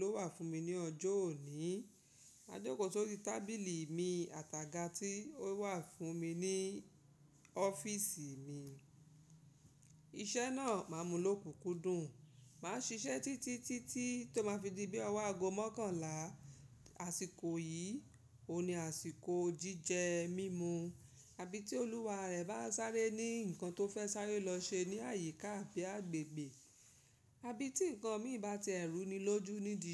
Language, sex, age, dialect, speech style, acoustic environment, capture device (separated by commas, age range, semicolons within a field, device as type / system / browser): Yoruba, female, 35-44, Nigeria - Lagos Yoruba, relaxed, silence, mobile / Linux / Chrome